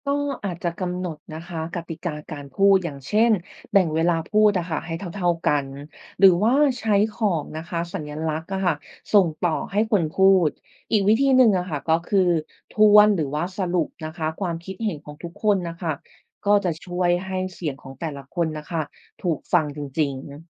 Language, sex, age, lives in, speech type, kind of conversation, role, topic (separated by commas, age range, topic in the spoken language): Thai, female, 30-34, Thailand, read, expert-assistant, expert, ถ้าอยากฝึกวิจารณ์งานศิลปะด้วยตัวเอง มีวิธีเริ่มต้นหรือแนวคิดแบบใดที่เหมาะกับมือใหม่